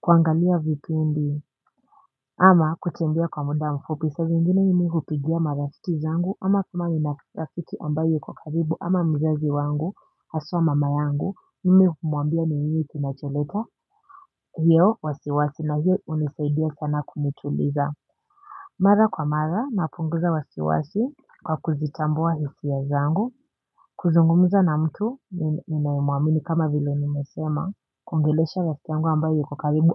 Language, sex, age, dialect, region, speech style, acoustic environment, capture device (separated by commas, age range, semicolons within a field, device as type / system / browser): Swahili, female, 18-24, Kenya - Nairobi Sheng-influenced, Kenya, relaxed, silence; appliances, mobile / Linux / Chrome